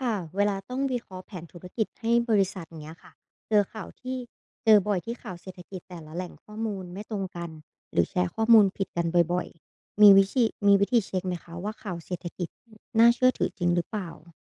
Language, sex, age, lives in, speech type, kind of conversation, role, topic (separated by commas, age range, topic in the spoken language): Thai, female, 25-29, Thailand, read, expert-assistant, asker, เวลาฟังข่าวเศรษฐกิจโลก เราจะรู้ได้อย่างไรว่าข้อมูลนั้นน่าเชื่อถือหรือเปล่า?